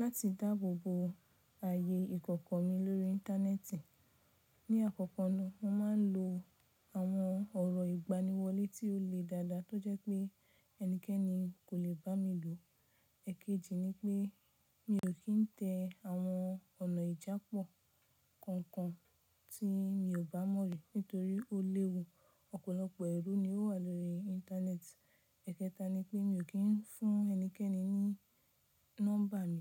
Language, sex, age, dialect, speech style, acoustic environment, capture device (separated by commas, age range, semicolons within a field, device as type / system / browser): Yoruba, female, 25-34, Nigeria - Nigerian Standard, relaxed, nature, mobile / Linux / Chrome